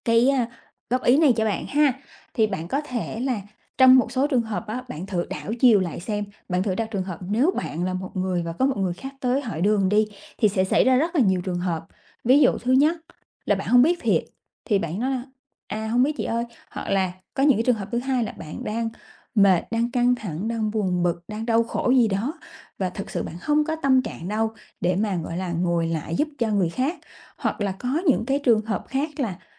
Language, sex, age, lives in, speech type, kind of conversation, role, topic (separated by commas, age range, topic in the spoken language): Vietnamese, female, 30-34, Vietnam, conversation, advice, advisor, Làm thế nào để bớt sợ bị từ chối?